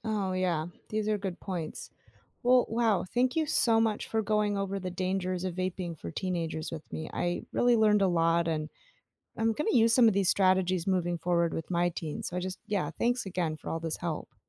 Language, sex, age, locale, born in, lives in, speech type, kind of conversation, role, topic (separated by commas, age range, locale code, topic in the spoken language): English, female, 35-39, en-US, United States, United States, read, expert-assistant, asker, What are the dangers of vaping for teenagers?